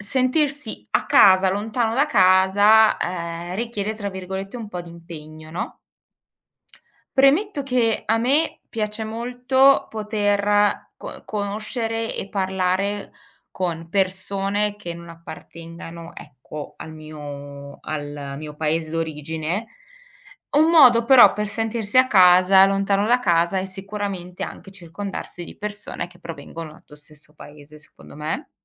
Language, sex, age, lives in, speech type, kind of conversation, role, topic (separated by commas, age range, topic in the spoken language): Italian, female, 40-44, Germany, conversation, podcast, guest, Cosa significa per te sentirti a casa lontano dalla patria?